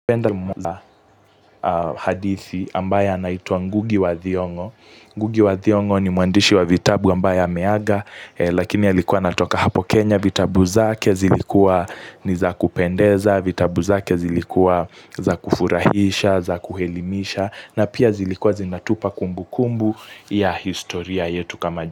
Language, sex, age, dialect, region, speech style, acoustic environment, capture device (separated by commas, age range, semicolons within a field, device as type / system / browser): Swahili, male, 18-24, Kenya - Mombasa, Kenya, focused, nature; silence, mobile / Linux / Chrome